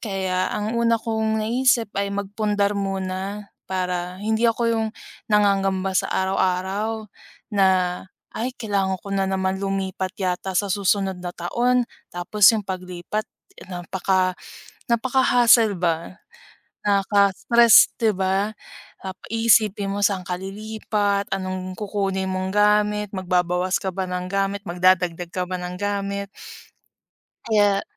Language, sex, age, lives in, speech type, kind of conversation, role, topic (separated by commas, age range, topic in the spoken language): Filipino, female, 25-29, Philippines, conversation, unstructured, none, Ano ang gusto mong makamit sa susunod na limang taon?